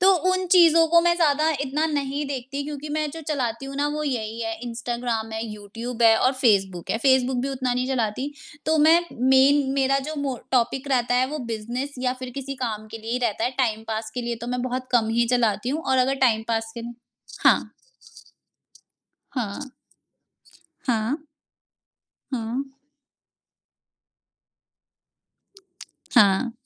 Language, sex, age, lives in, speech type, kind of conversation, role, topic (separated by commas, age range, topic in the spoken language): Hindi, female, 25-29, India, conversation, podcast, guest, कौन सी ऐप ने आपकी ज़िंदगी सबसे ज़्यादा आसान बनाई है और क्यों?